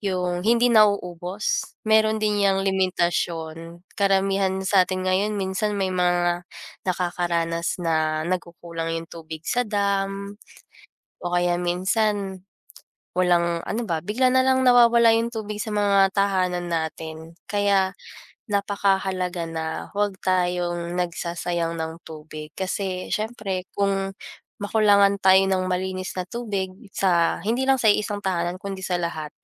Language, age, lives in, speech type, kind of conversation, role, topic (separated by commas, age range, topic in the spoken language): Filipino, 20-24, Philippines, conversation, unstructured, none, Ano ang kahalagahan ng tubig sa kalikasan at sa ating buhay?